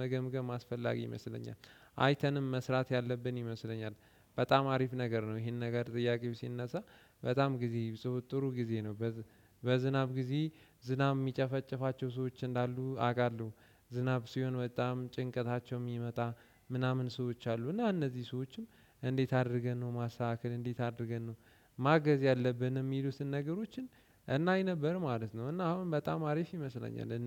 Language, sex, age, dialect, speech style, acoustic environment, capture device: Amharic, male, 18-24, Ethiopia - Addis Ababa, relaxed; focused, silence, desktop / Windows / Chrome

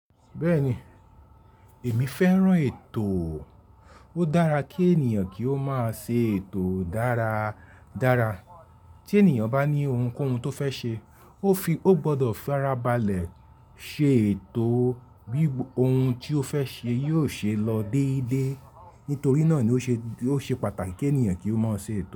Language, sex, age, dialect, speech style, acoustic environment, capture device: Yoruba, male, 35-44, Nigeria - Lagos Yoruba, happy, people talking; nature, mobile / Linux / Chrome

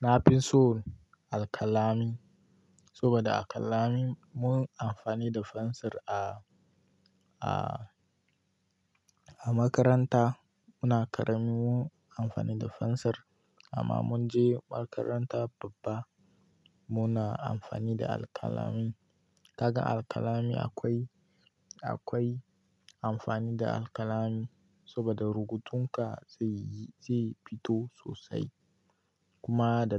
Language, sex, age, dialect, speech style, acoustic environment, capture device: Hausa, male, 18-24, Nigeria - Kano, relaxed; happy, nature, mobile / Linux / Chrome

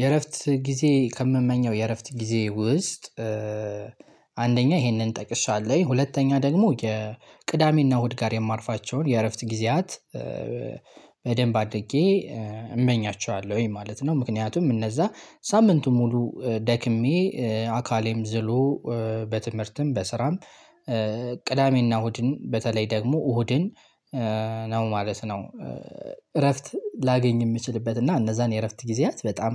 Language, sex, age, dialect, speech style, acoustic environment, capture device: Amharic, male, 18-24, Ethiopia - Gojjam, excited, silence, desktop / Linux / Chrome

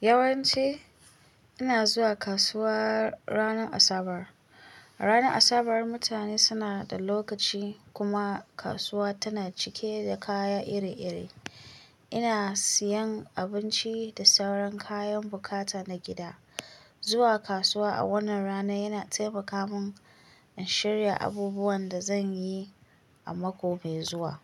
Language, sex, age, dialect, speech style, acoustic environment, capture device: Hausa, female, 25-34, Nigeria - Kano, relaxed, silence, mobile / Linux / Chrome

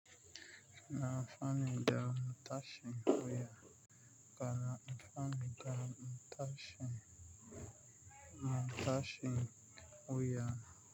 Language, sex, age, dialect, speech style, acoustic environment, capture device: Hausa, male, 25-34, Nigeria - Nigerian Standard, relaxed, nature, mobile / Linux / Chrome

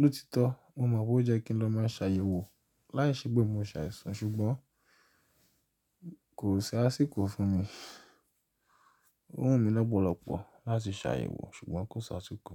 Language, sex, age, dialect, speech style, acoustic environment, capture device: Yoruba, male, 25-34, Nigeria - Nigerian Standard, relaxed, silence, mobile / Linux / Chrome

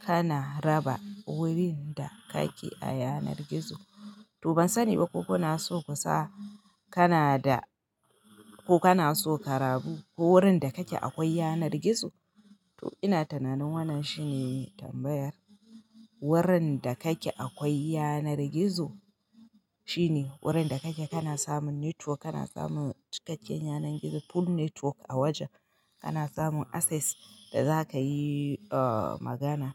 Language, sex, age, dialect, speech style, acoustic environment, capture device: Hausa, female, 25-34, Nigeria - Kano, happy, nature; silence, mobile / Linux / Chrome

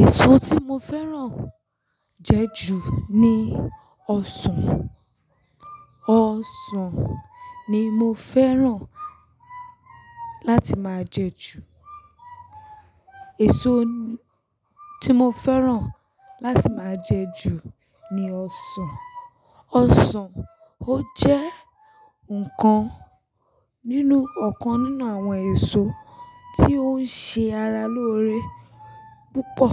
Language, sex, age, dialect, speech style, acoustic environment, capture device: Yoruba, female, 25-34, Nigeria - Lagos Yoruba, focused, nature, mobile / Linux / Chrome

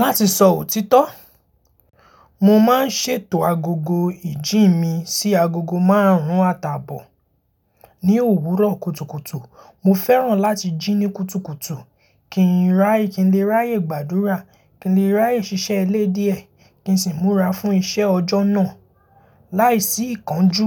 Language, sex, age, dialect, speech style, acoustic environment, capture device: Yoruba, male, 18-24, Nigeria - Lagos Yoruba, happy; focused, silence, mobile / Linux / Chrome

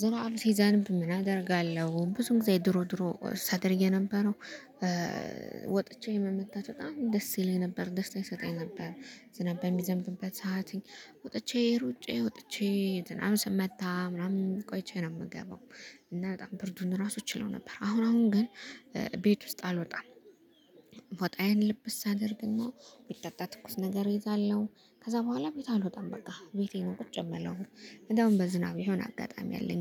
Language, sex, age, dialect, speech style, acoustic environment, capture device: Amharic, female, 25-34, Ethiopia - Gondar, happy, music, mobile / Linux / Chrome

